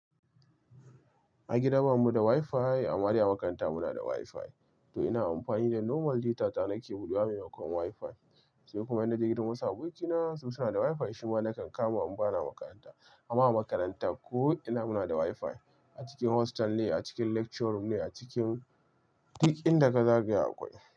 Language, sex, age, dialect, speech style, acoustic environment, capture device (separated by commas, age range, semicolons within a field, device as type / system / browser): Hausa, male, 25-34, Nigeria - Kano, relaxed; excited; focused, silence, mobile / Linux / Chrome